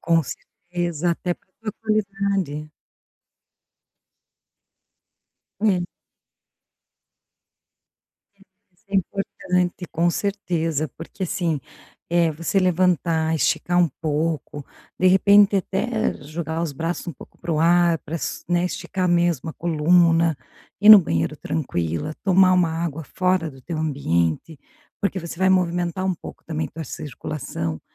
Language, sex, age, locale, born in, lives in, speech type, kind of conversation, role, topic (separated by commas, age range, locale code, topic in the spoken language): Portuguese, female, 45-49, pt-BR, Brazil, Portugal, conversation, advice, advisor, Como posso lidar melhor com interrupções frequentes no meu trabalho?